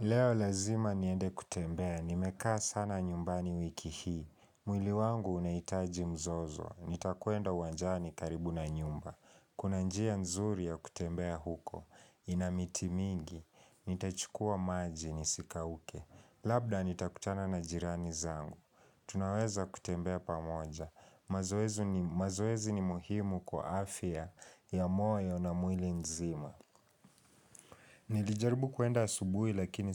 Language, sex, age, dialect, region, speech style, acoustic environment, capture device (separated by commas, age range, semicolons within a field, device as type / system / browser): Swahili, male, 18-24, Kenya - Nairobi Sheng-influenced, Kenya, focused, people talking, mobile / Linux / Chrome